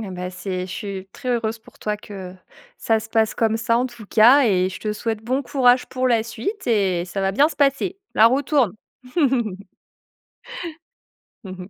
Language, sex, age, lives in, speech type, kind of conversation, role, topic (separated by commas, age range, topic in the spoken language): French, female, 25-29, France, conversation, podcast, host, Qu’est-ce qui t’aide à reprendre confiance en toi après un échec ?